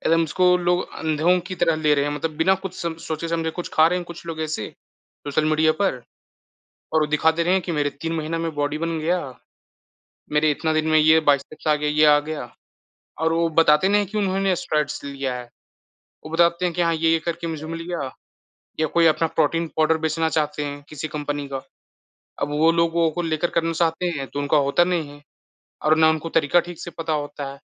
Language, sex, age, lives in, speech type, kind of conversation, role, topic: Hindi, male, 20-24, India, conversation, unstructured, none, क्या सोशल मीडिया फिटनेस के रुझानों को लेकर अंधविश्वास फैलाता है?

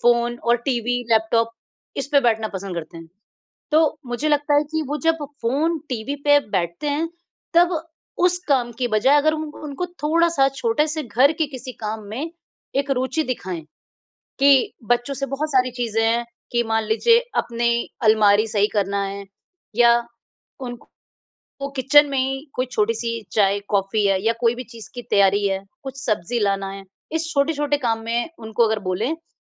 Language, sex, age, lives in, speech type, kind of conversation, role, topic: Hindi, female, 35-39, India, conversation, advice, advisor, खाने की योजना बनाने के लिए समय न मिलने पर आप दोषबोध से कैसे निपटते हैं?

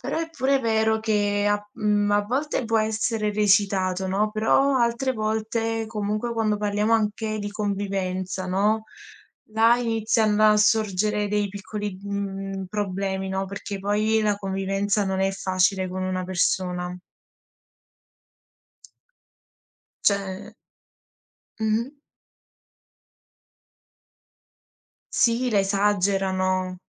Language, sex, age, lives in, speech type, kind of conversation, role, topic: Italian, female, 20-24, Italy, conversation, unstructured, none, Pensi che i reality show siano dannosi per la società?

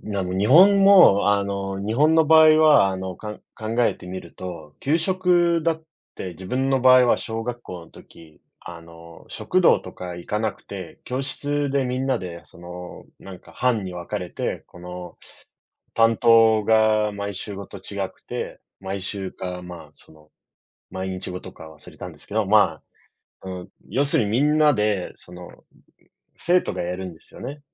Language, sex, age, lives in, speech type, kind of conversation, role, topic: Japanese, male, 30-34, Japan, conversation, unstructured, none, ごみのポイ捨てはなぜなくならないのでしょうか？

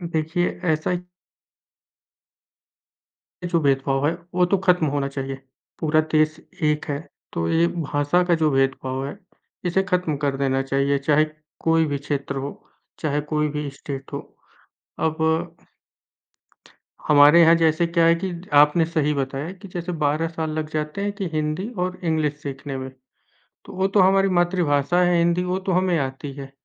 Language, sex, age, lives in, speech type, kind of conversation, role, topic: Hindi, male, 25-29, India, conversation, unstructured, none, आपके हिसाब से एक अच्छा समाज कैसा होना चाहिए?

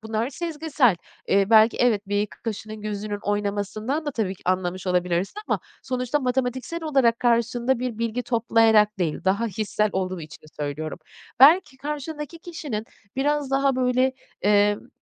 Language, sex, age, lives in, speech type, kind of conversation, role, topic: Turkish, female, 40-44, Netherlands, conversation, advice, advisor, Önemli bir karar verirken sezgilerime ve değerlerime nasıl güvenebilirim?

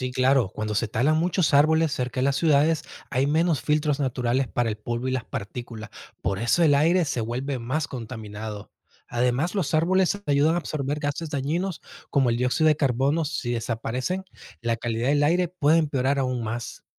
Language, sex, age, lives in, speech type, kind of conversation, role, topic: Spanish, male, 30-34, United States, read, expert-assistant, expert, ¿Qué efectos tiene la deforestación en los ecosistemas?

